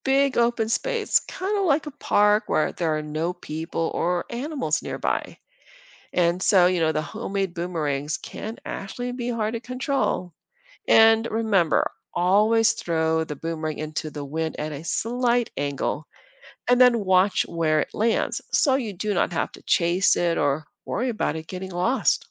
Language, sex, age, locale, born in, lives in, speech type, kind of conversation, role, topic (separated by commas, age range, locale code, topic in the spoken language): English, female, 50-54, en-US, Philippines, United States, read, expert-assistant, expert, How does a boomerang return when thrown?